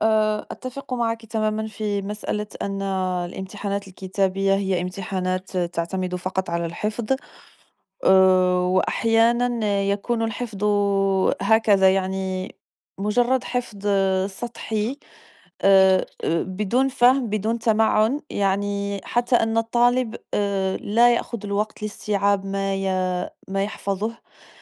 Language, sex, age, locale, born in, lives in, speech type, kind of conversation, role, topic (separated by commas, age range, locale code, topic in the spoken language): Arabic, female, 35-39, ar-MA, Morocco, France, conversation, unstructured, none, هل إنت شايف إن الامتحانات بتعكس مهارات الطالب الحقيقية؟